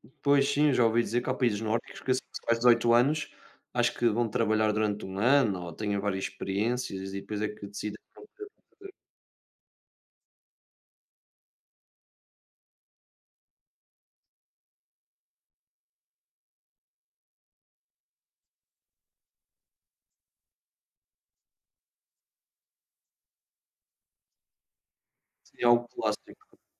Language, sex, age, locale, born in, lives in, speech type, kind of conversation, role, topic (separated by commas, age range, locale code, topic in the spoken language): Portuguese, male, 20-24, pt-PT, Portugal, Portugal, conversation, unstructured, none, Quais mudanças você gostaria de ver nas políticas educacionais?